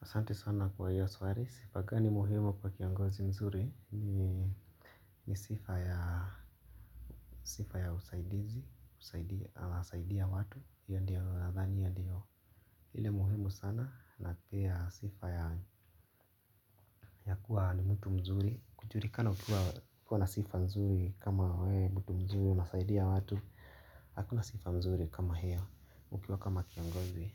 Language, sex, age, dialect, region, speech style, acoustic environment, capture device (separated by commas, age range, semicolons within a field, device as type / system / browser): Swahili, male, 25-34, Kenya - Nairobi Sheng-influenced, Kenya, relaxed, nature, mobile / Linux / Chrome